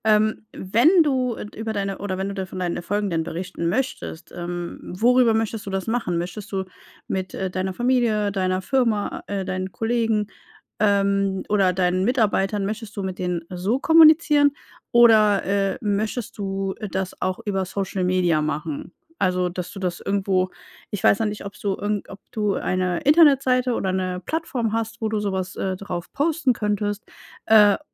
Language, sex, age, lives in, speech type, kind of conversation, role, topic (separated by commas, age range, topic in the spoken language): German, female, 35-39, Germany, conversation, advice, advisor, Wie kann ich meine Erfolge intern kommunizieren, ohne zu prahlen?